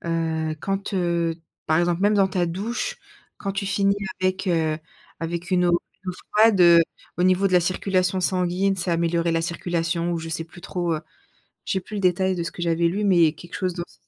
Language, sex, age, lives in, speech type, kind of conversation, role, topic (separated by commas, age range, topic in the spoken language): French, female, 30-34, France, conversation, podcast, host, Comment un coin de nature en ville t’inspire-t-il ?